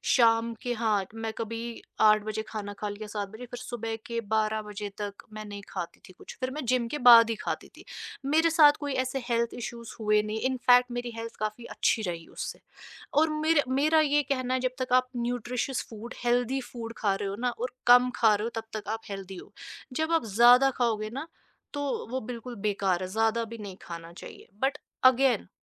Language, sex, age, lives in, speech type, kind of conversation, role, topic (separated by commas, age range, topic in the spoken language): Hindi, female, 25-29, India, conversation, podcast, guest, Tumhara नाश्ते ka routine kaisa hota hai?